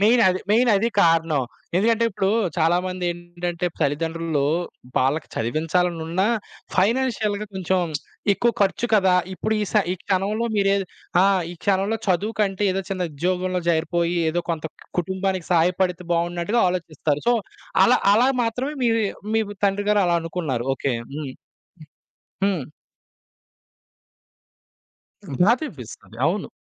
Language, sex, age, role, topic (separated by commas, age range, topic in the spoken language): Telugu, male, 25-29, host, మీ చిన్ననాటి అనుభవాలు మీ సృజనాత్మకతపై ఎలా ప్రభావం చూపించాయి?